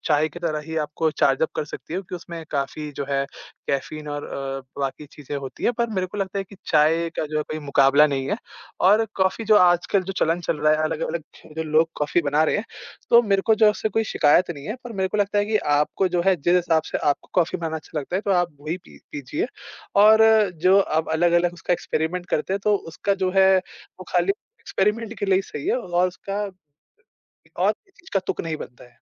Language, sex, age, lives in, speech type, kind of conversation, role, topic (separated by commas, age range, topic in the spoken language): Hindi, male, 20-24, India, conversation, podcast, guest, घर में आपके लिए चाय या कॉफी का क्या महत्व है?